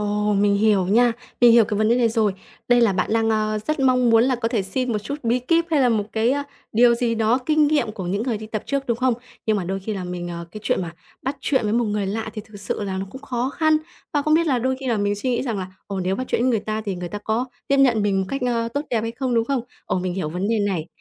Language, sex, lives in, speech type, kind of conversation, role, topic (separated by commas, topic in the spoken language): Vietnamese, female, Vietnam, conversation, advice, advisor, Làm sao để bắt chuyện và kết nối với người lạ một cách tự nhiên?